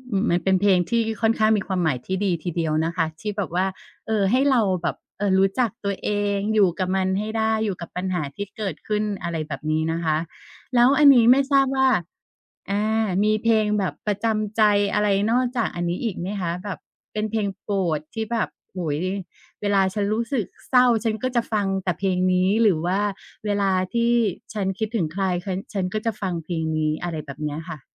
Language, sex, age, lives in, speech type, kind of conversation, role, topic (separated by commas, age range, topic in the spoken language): Thai, female, 45-49, Thailand, conversation, podcast, host, เพลงไหนที่ทำให้อารมณ์ของคุณเปลี่ยนไปทันที?